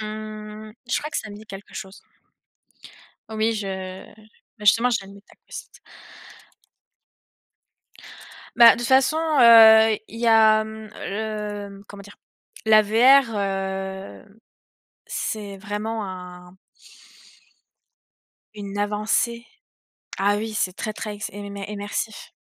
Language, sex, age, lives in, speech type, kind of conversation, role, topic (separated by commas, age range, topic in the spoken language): French, female, 20-24, France, conversation, unstructured, none, Comment les jeux vidéo peuvent-ils aider à apprendre ?